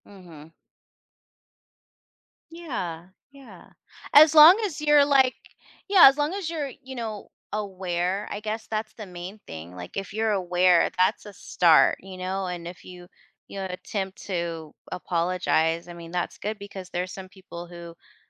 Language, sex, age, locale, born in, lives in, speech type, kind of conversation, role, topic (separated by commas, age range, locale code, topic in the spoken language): English, female, 40-44, en-US, United States, United States, conversation, advice, advisor, How can I stop feeling awkward after embarrassing myself?